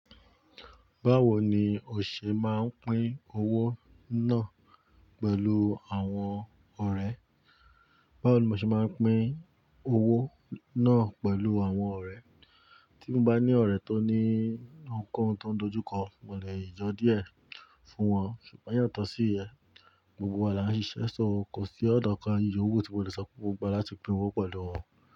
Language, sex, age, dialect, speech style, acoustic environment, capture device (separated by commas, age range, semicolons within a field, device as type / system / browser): Yoruba, male, 35-44, Nigeria - Ibadan, focused, nature, mobile / Linux / Chrome